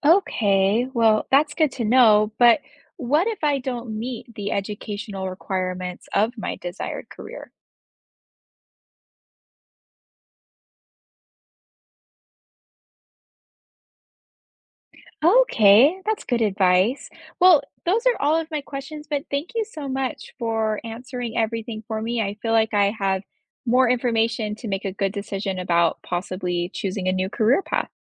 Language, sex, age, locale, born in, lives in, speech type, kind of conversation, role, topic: English, female, 30-34, en-US, United States, United States, read, expert-assistant, asker, What factors are important when choosing a career path?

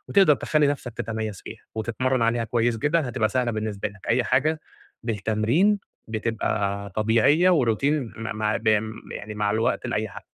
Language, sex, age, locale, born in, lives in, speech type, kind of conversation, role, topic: Arabic, male, 30-34, ar-EG, Egypt, Egypt, conversation, advice, advisor, إزاي أبني ثقة مهنية قوية ومتواصلة عند زمايلي وعملاي؟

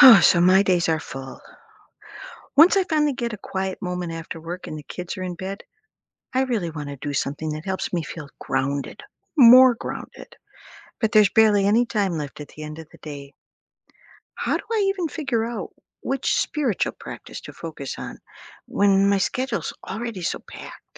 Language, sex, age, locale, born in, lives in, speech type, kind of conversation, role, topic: English, female, 60-64, en-US, United States, United States, read, expert-assistant, asker, How can I prioritize different spiritual practices when I’m short on time each day?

